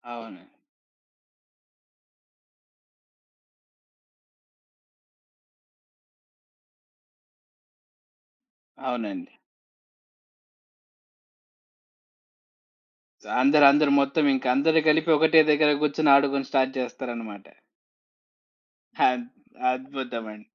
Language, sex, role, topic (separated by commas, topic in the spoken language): Telugu, male, host, అతిథుల కోసం ఇంటిని సిద్ధం చేసుకోవడానికి మీరు పాటించే సులభమైన చిట్కాలు ఏమిటి?